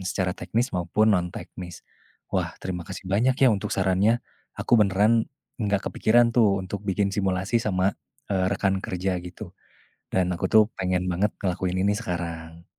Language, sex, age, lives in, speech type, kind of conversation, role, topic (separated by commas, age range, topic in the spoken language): Indonesian, male, 20-24, Indonesia, conversation, advice, user, Apa yang biasanya membuat Anda merasa cemas sebelum presentasi penting, dan bagaimana Anda mengatasinya?